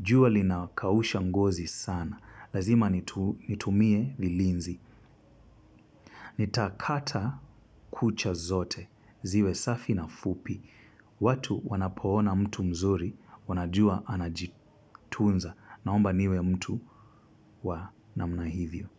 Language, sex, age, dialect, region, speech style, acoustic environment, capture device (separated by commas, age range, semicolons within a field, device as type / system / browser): Swahili, male, 25-34, Kenya - Mombasa, Kenya, focused; nervous, silence, mobile / Linux / Chrome